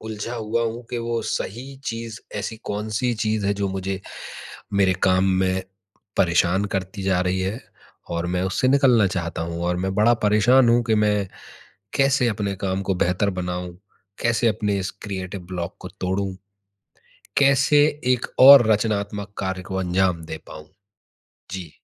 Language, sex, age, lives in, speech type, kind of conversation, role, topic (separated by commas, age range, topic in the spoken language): Hindi, male, 30-34, India, conversation, advice, user, टीम के साथ रचनात्मक अवरोध को जल्दी कैसे तोड़ा जा सकता है?